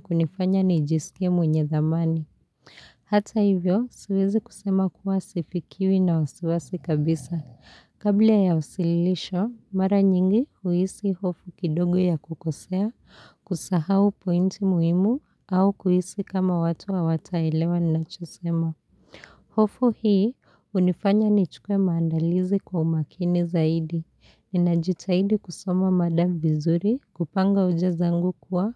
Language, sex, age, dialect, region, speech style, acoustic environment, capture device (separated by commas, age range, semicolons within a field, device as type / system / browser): Swahili, female, 25-34, Kenya - Nairobi Sheng-influenced, Kenya, happy; relaxed; focused, people talking; nature; silence; appliances, mobile / Linux / Chrome